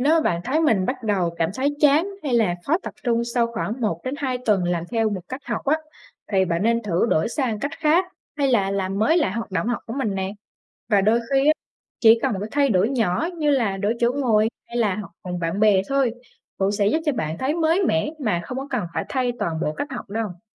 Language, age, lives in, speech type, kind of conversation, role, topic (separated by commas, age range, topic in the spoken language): Vietnamese, 20-24, Vietnam, read, expert-assistant, expert, Làm thế nào để quản lý thời gian hiệu quả trong học tập và cuộc sống?